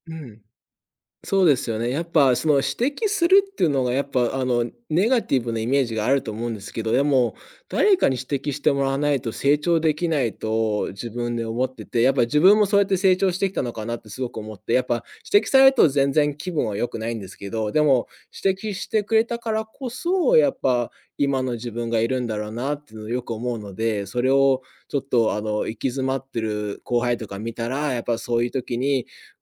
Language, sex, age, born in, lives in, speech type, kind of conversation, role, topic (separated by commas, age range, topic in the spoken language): Japanese, male, 30-34, United States, United States, conversation, podcast, guest, 行き詰まったとき、まず何をしますか？